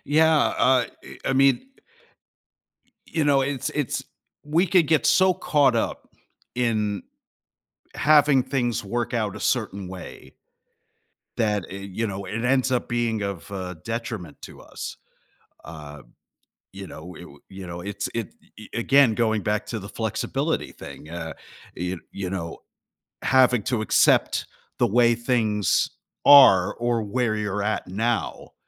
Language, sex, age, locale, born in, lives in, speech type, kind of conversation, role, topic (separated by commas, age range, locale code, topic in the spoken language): English, male, 45-49, en-US, United States, United States, conversation, podcast, host, How can people find direction when they feel uncertain about their future?